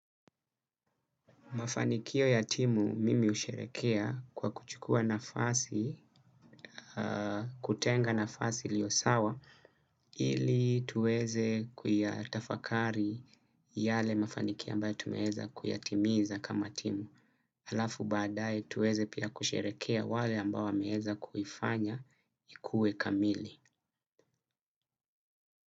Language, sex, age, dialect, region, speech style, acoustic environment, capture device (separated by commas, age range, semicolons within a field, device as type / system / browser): Swahili, male, 25-34, Kenya - Mombasa, Kenya, focused, silence, desktop / Windows / Chrome